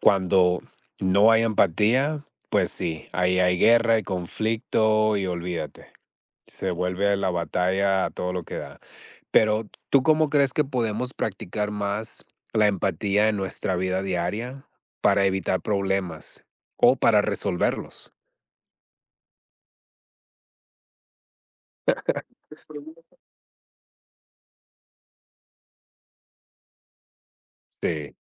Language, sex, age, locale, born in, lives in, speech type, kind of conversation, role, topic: Spanish, male, 40-44, es-MX, United States, United States, conversation, unstructured, none, ¿Cómo influye la empatía en la resolución de un conflicto?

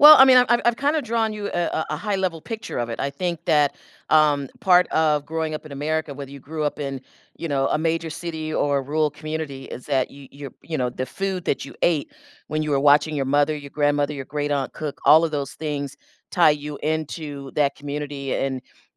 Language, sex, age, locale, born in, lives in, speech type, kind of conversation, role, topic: English, female, 60-64, en-US, United States, United States, conversation, podcast, guest, How do shared meals and food traditions shape our sense of belonging and connection to our culture?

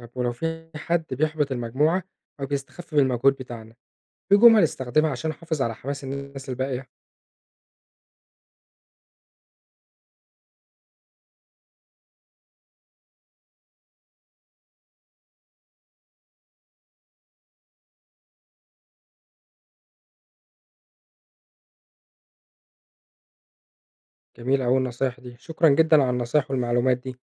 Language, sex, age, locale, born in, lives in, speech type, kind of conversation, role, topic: Arabic, male, 25-29, ar-EG, Egypt, Egypt, read, expert-assistant, asker, إزاي أختار عادات في حياتي اليومية تكون فعلاً ليها تأثير إيجابي على المناخ؟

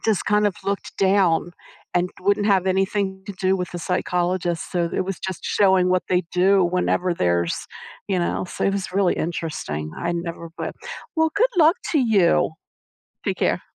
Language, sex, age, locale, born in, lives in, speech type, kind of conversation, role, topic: English, female, 60-64, en-US, United States, United States, conversation, advice, advisor, How can I set boundaries with my partner?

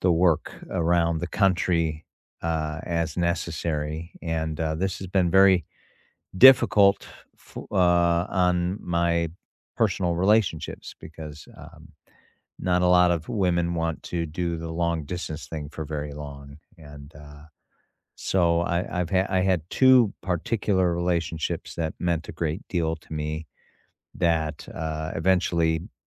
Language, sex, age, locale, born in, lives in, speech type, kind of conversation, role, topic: English, male, 55-59, en-US, United States, United States, conversation, unstructured, none, What’s a lesson you learned the hard way but still value?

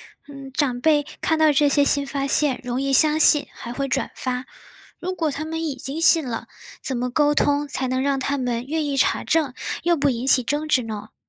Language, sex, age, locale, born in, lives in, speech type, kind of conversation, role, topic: Chinese, female, 20-24, zh-CN, China, United States, read, expert-assistant, asker, 有时候听到热门医学专科的“新发现”，我们应该如何查证这些信息是否准确？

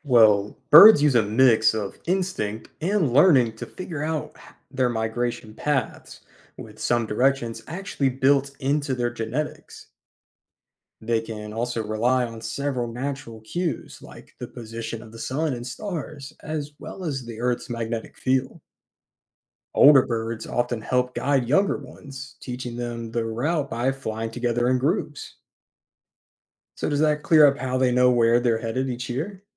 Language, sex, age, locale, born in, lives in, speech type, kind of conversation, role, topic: English, male, 20-24, en-US, United States, United States, read, expert-assistant, expert, How do birds know where to migrate?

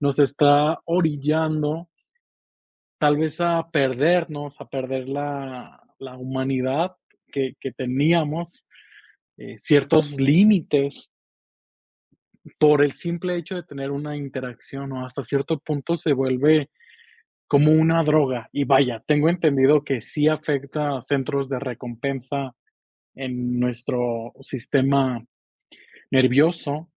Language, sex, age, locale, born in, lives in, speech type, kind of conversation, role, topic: Spanish, male, 25-29, es-MX, Mexico, Mexico, conversation, podcast, guest, ¿Cómo han cambiado las relaciones familiares con las redes sociales?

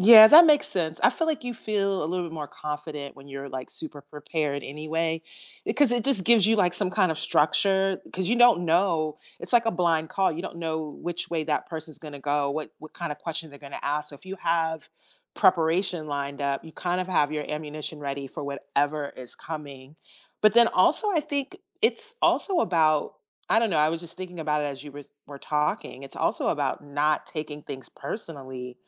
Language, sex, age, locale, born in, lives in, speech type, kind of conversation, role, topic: English, female, 50-54, en-US, United States, United States, conversation, unstructured, none, What career turning points most shaped you, and how did they change your path?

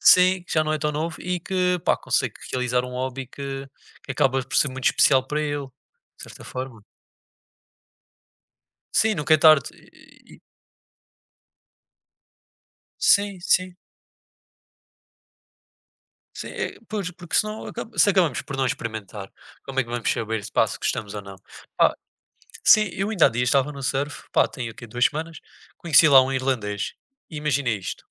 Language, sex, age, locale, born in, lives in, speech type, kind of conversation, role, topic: Portuguese, male, 20-24, pt-PT, Portugal, Portugal, conversation, unstructured, none, O que faz um hobby ser especial para você?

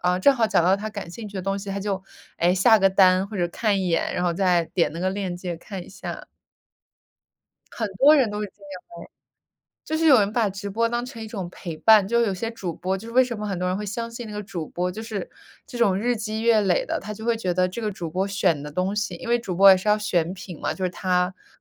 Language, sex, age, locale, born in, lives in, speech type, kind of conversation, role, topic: Chinese, female, 25-29, zh-CN, United States, United States, conversation, unstructured, none, 你更倾向于选择网购还是去实体店购物？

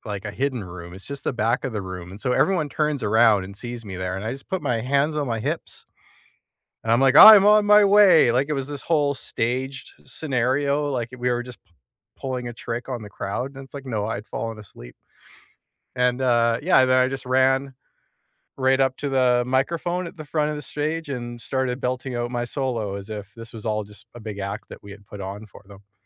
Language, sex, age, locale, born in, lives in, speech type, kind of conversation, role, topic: English, male, 35-39, en-CA, Canada, Canada, conversation, unstructured, none, What is a school memory that still makes you smile today, and what makes it special to you?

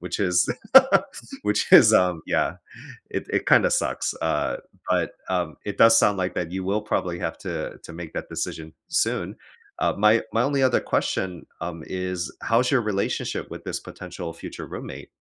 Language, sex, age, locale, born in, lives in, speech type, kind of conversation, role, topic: English, male, 35-39, en-US, United States, United States, conversation, advice, advisor, How do I decide between major life options when I'm unsure which aligns with my values?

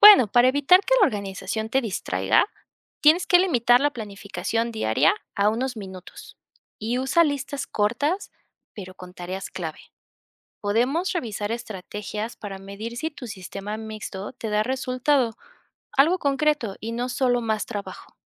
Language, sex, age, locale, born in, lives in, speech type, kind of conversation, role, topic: Spanish, female, 25-29, es-MX, Mexico, Mexico, read, expert-assistant, expert, ¿Cómo puedo saber si un libro de productividad realmente está basado en evidencia y no solo en opiniones personales?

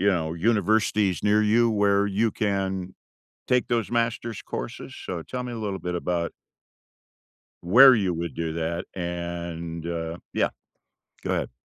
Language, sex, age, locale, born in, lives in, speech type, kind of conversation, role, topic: English, male, 65-69, en-US, United States, United States, conversation, unstructured, none, What would you like to make more room for in your life over the next few years?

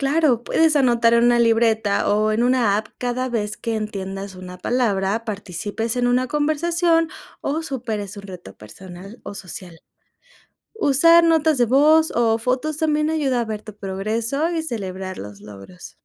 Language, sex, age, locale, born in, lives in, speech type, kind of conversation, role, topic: Spanish, female, 25-29, es-MX, Mexico, Mexico, read, expert-assistant, expert, ¿Qué métodos prácticos ayudan a mejorar nuestra capacidad de adaptarnos a nuevas culturas cuando viajamos o emigramos?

